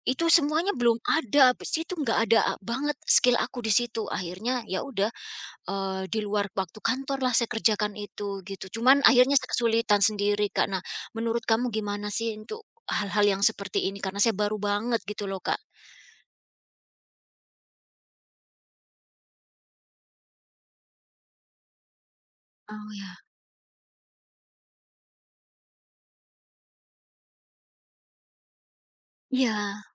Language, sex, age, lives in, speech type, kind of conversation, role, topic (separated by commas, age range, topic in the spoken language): Indonesian, female, 45-49, United States, conversation, advice, user, Bagaimana cara meningkatkan keterampilan ketika saya tidak punya banyak waktu dan tidak mendapat dukungan dari kantor?